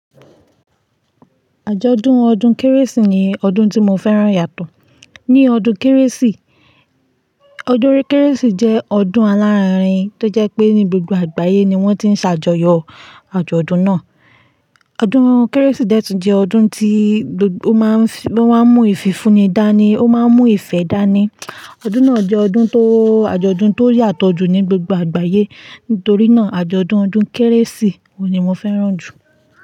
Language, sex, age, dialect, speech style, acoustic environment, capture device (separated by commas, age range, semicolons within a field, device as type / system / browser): Yoruba, female, 25-34, Nigeria - Ibadan, focused, silence, mobile / Linux / Chrome